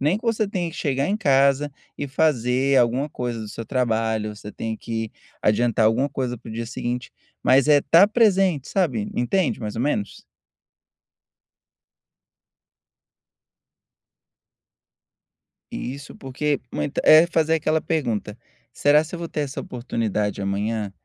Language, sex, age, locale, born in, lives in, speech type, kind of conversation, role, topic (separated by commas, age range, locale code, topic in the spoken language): Portuguese, male, 30-34, pt-BR, Brazil, United States, conversation, advice, advisor, Por que me sinto culpado por tirar tempo livre e não ser produtivo?